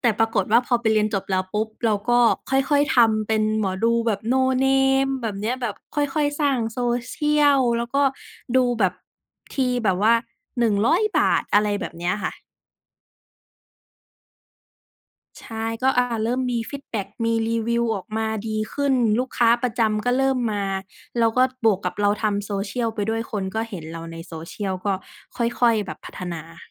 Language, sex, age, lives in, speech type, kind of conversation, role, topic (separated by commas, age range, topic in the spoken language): Thai, female, 25-29, Thailand, conversation, podcast, guest, เล่าเส้นทางอาชีพของคุณให้ฟังหน่อยได้ไหม?